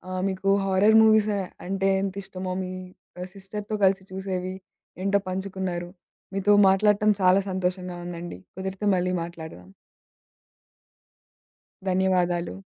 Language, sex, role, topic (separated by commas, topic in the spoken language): Telugu, female, host, పాత సినిమాలు మీకు ప్రత్యేకంగా అనిపించడానికి కారణం ఏమిటి?